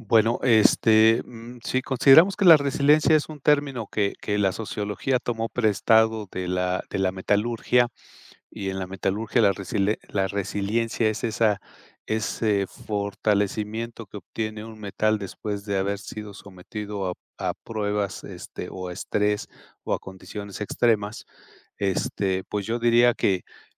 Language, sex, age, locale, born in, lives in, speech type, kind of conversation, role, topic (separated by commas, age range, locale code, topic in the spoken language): Spanish, male, 55-59, es-MX, Mexico, Mexico, conversation, podcast, guest, ¿Qué hábitos diarios ayudan más a fortalecer la resiliencia?